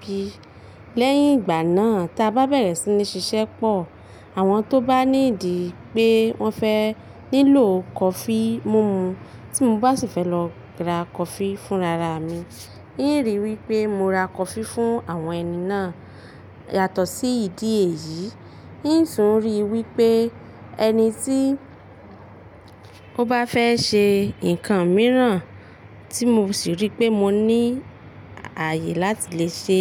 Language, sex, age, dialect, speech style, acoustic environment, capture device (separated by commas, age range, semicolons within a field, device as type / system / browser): Yoruba, female, 25-34, Nigeria - Ibadan, relaxed, nature, mobile / Linux / Chrome